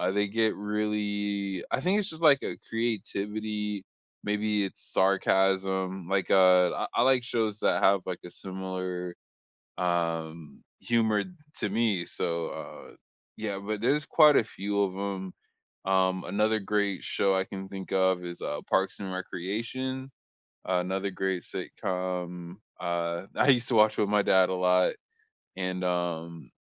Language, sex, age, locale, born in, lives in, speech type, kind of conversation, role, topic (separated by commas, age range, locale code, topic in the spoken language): English, male, 25-29, en-US, United States, United States, conversation, unstructured, none, What movies or shows do you rewatch for comfort?